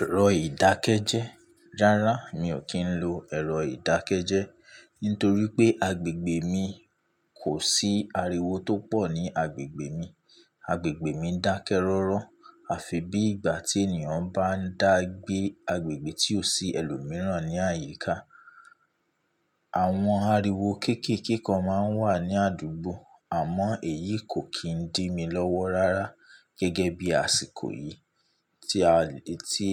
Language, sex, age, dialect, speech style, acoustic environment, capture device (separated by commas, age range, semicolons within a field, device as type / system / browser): Yoruba, male, 25-34, Nigeria - Ibadan, tired; relaxed; focused; excited, nature; appliances, mobile / Linux / Chrome